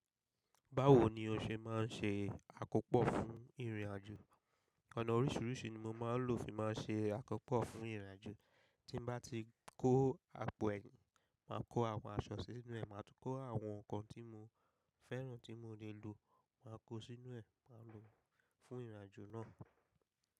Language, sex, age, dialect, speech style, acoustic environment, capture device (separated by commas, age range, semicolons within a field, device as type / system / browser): Yoruba, male, 25-34, Nigeria - Lagos Yoruba, focused, people talking; construction, mobile / macOS / Safari